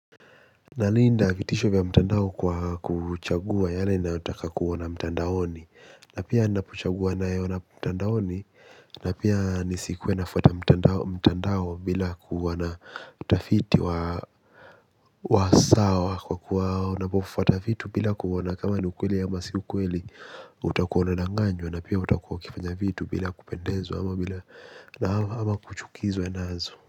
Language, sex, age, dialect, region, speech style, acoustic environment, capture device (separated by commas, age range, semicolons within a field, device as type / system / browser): Swahili, male, 18-24, Kenya - Mombasa, Kenya, happy, silence, mobile / Linux / Chrome